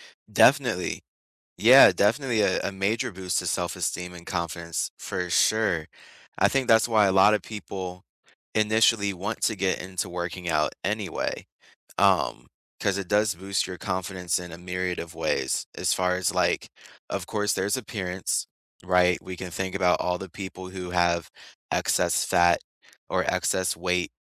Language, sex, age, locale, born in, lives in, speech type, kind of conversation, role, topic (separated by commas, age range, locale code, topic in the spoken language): English, male, 25-29, en-US, United States, United States, conversation, unstructured, none, What is surprising about how exercise can reduce stress?